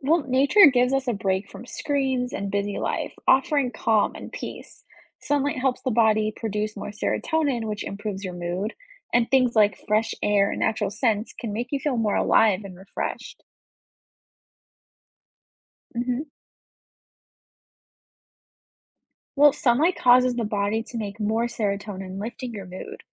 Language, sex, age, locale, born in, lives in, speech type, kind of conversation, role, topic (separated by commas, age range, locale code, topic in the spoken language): English, female, 20-24, en-US, United States, United States, read, expert-assistant, expert, How can gardening enhance mental wellness?